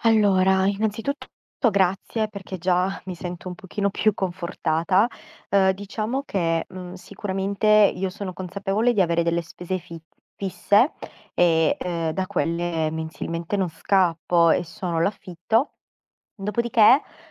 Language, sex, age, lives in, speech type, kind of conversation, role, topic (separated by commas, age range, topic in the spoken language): Italian, female, 30-34, Italy, conversation, advice, user, Quali preoccupazioni finanziarie ti causano stress ogni giorno?